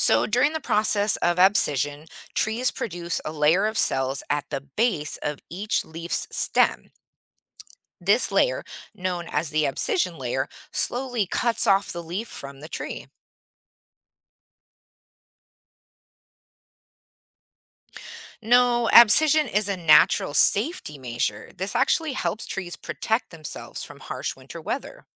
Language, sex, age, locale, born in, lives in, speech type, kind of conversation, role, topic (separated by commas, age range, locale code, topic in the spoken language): English, female, 40-44, en-US, United States, United States, read, expert-assistant, expert, What causes leaves to fall off trees in the fall?